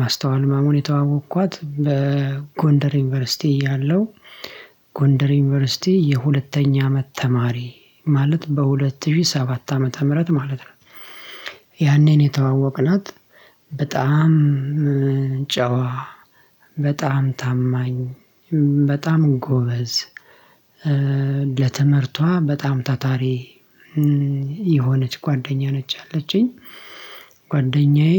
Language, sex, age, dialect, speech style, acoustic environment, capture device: Amharic, male, 25-34, Ethiopia - Addis Ababa, relaxed; happy, silence, mobile / Linux / Chrome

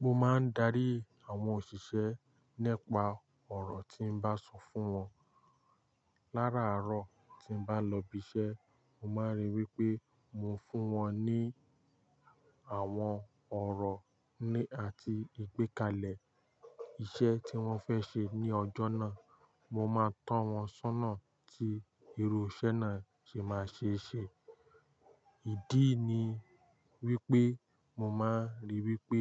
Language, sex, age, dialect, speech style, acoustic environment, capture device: Yoruba, male, 18-24, Nigeria - Lagos Yoruba, focused, silence, mobile / Linux / Chrome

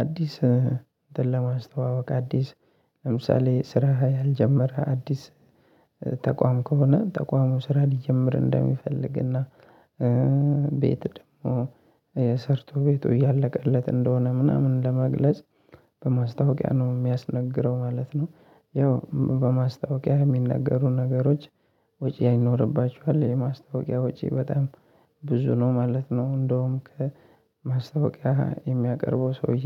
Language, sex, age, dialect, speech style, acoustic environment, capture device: Amharic, male, 25-34, Ethiopia - Addis Ababa, happy, nature, mobile / Linux / Chrome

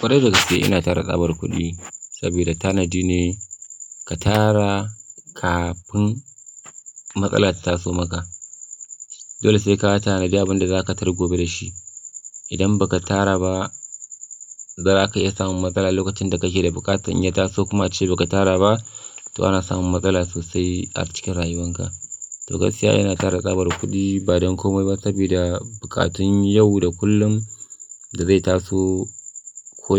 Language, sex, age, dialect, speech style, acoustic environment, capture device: Hausa, male, 25-34, Nigeria - Kano, focused, silence, mobile / Linux / Chrome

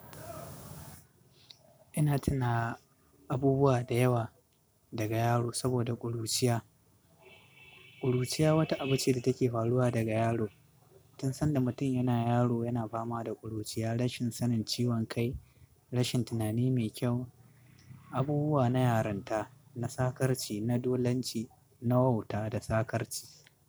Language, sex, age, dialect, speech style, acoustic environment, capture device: Hausa, male, 25-34, Nigeria - Katsina, focused; happy, music, desktop / Linux / Chrome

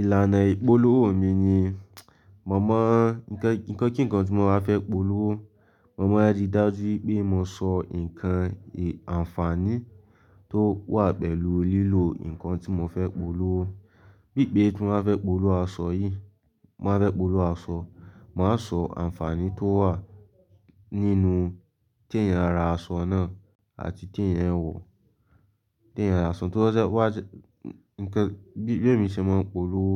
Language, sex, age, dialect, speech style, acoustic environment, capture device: Yoruba, male, 18-24, Nigeria - Lagos, relaxed; focused, silence, mobile / Linux / Chrome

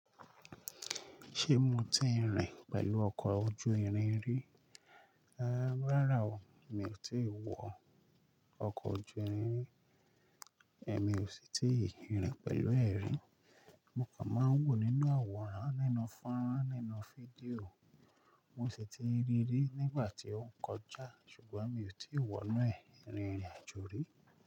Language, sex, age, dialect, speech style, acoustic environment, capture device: Yoruba, male, 25-34, Nigeria - Lagos Yoruba, relaxed, nature; people talking, mobile / Linux / Chrome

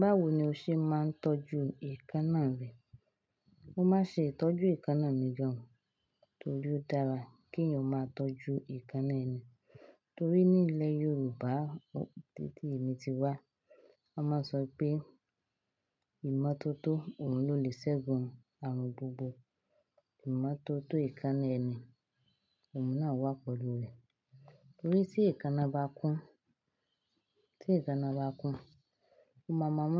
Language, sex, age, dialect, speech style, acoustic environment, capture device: Yoruba, female, 25-34, Nigeria - Lagos Yoruba, happy, silence, desktop / Linux / Chrome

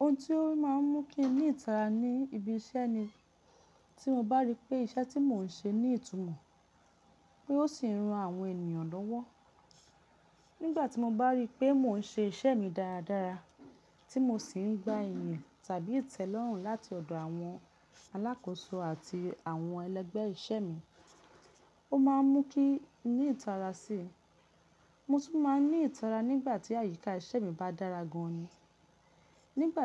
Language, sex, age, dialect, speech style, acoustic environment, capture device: Yoruba, female, 35-44, Nigeria - Lagos Yoruba, relaxed, nature, mobile / Linux / Chrome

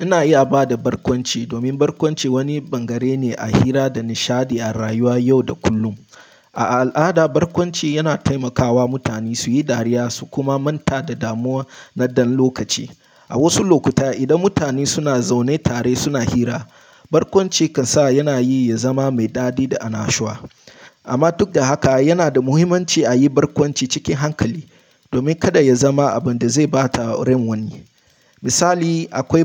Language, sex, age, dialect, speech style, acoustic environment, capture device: Hausa, male, 18-24, Nigeria - Nigerian Standard, relaxed, silence, mobile / Linux / Chrome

